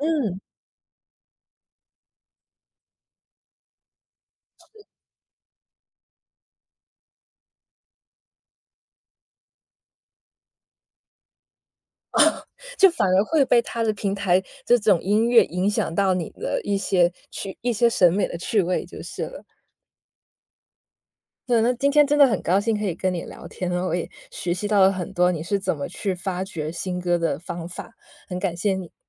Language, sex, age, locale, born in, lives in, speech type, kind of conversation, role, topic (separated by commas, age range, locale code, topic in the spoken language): Chinese, female, 30-34, zh-CN, China, United States, conversation, podcast, host, 你通常是怎么发现新歌的？